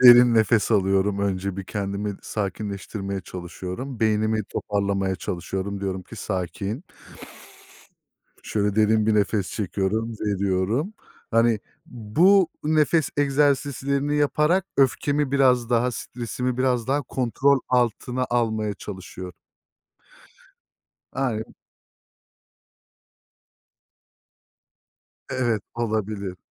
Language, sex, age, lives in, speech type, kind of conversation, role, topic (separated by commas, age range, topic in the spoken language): Turkish, male, 30-34, Germany, conversation, unstructured, none, Stresle başa çıkmak için denediğin yöntemler neler?